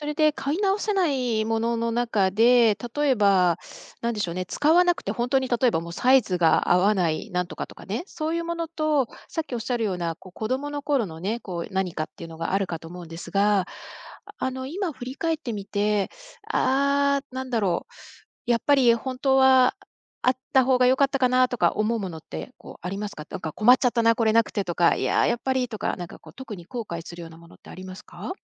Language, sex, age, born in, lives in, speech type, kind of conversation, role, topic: Japanese, female, 55-59, Japan, United States, conversation, advice, advisor, 断捨離した後、本当に必要なものまで手放してしまったのではないかと不安になりますか？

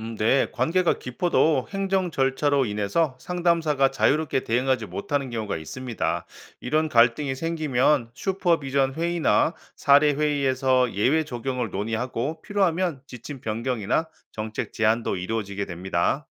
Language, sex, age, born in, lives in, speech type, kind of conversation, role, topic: Korean, male, 45-49, South Korea, United States, read, expert-assistant, expert, 친구처럼 다가가는 사회복지 서비스, 어떻게 만들 수 있을까요?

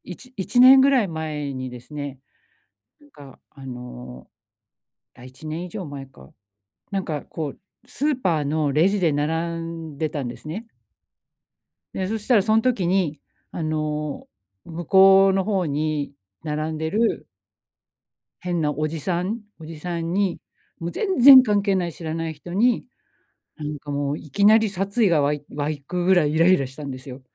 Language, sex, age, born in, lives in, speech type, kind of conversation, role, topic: Japanese, female, 45-49, Japan, Japan, conversation, advice, user, 感情を安定させるにはどうすればいいですか？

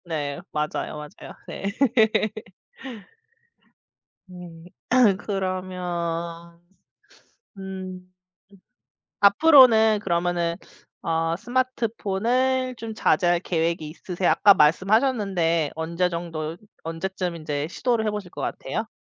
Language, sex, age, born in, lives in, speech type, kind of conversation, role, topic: Korean, female, 35-39, South Korea, United States, conversation, unstructured, none, 일주일 동안 스마트폰 없이 지내면 어떤 변화가 생길까요?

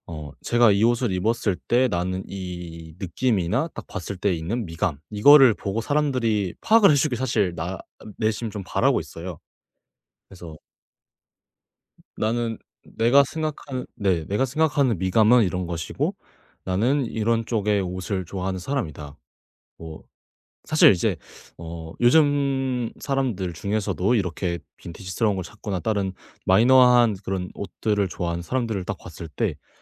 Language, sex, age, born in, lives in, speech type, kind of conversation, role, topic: Korean, male, 18-19, South Korea, Japan, conversation, podcast, guest, 옷을 통해 자신을 어떻게 보여 주고 싶으신가요?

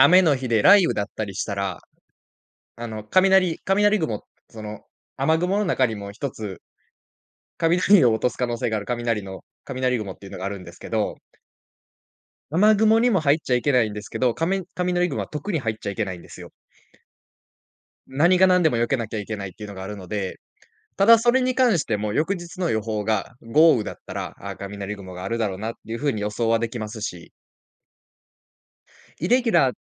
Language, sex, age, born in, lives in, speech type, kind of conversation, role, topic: Japanese, male, 20-24, Japan, Japan, conversation, podcast, guest, モチベーションを維持するために、普段どんな工夫をしていますか？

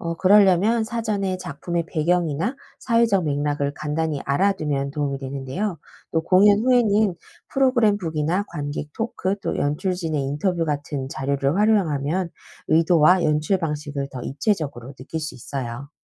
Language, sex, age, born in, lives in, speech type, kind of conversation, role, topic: Korean, female, 40-44, South Korea, United States, read, expert-assistant, expert, 공연 예술에 대해 궁금할 때, 어떤 정보가 실제로 도움이 되고 믿을 만한지 어떻게 알 수 있을까요?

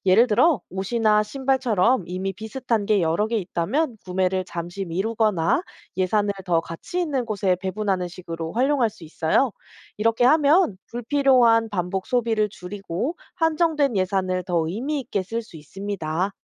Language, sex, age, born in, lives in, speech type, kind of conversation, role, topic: Korean, female, 30-34, South Korea, South Korea, read, expert-assistant, expert, 일상에서 경제학 원리로 소비를 똑똑하게 관리하려면 어떻게 해야 할까요?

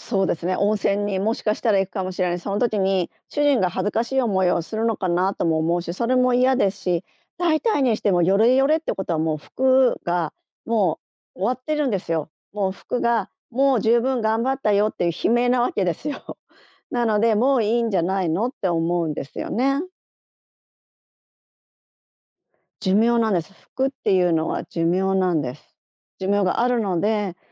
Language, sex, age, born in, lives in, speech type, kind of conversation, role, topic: Japanese, female, 50-54, Japan, Japan, conversation, podcast, guest, 価値観の違いについて、どのように話し合いますか？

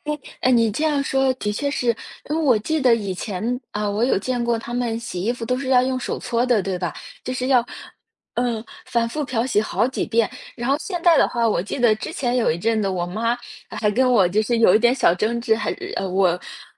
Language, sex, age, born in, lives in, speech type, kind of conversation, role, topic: Chinese, female, 25-29, China, United States, conversation, unstructured, none, 你如何看待未来机器人走进家庭生活？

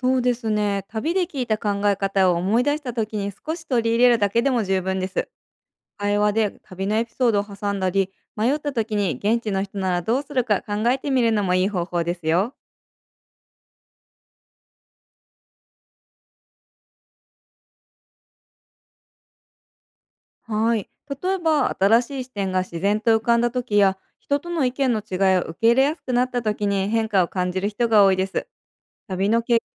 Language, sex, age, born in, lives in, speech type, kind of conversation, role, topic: Japanese, female, 30-34, Japan, United States, read, expert-assistant, expert, 旅行を計画することで、どんな新しい発見や学びが得られますか？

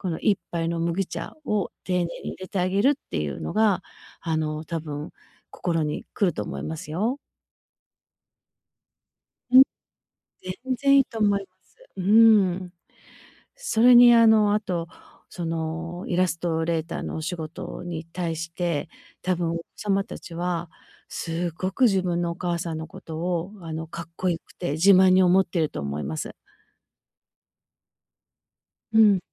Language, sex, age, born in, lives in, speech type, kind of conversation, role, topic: Japanese, female, 50-54, Japan, United States, conversation, advice, advisor, 仕事と家庭の両立で燃え尽きそうに感じる時、どうすればよいですか？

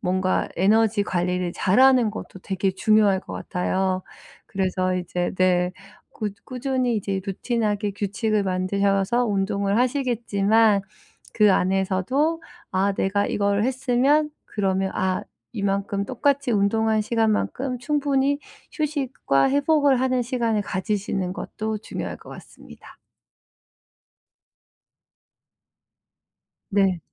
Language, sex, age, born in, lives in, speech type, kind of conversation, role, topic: Korean, female, 40-44, South Korea, United States, conversation, advice, advisor, 동기를 유지하면서 번아웃을 피하려면 어떻게 해야 하나요?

